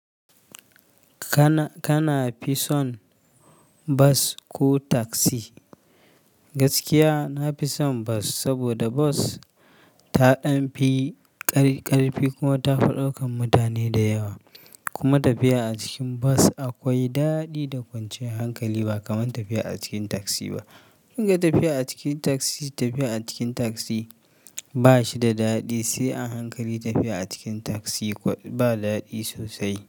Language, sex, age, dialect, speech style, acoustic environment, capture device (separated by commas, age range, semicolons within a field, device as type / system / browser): Hausa, male, 25-34, Nigeria - Kano, happy, nature; silence, mobile / Linux / Chrome